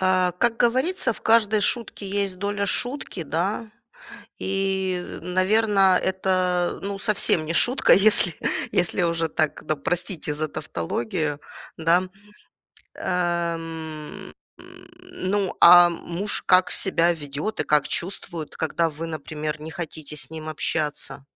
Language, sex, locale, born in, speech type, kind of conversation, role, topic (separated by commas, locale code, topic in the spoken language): Russian, female, ru-RU, Ukraine, conversation, advice, advisor, Как найти баланс между личным пространством и совместным временем в новых отношениях?